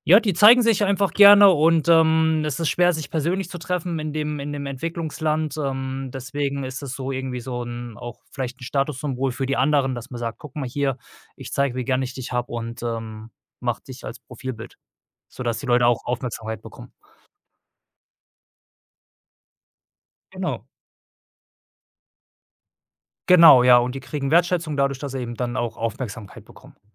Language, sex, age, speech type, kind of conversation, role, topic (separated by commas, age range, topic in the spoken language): German, male, 35-39, conversation, podcast, guest, Wie passt du deinen Tonfall online im Vergleich zu persönlichen Gesprächen an?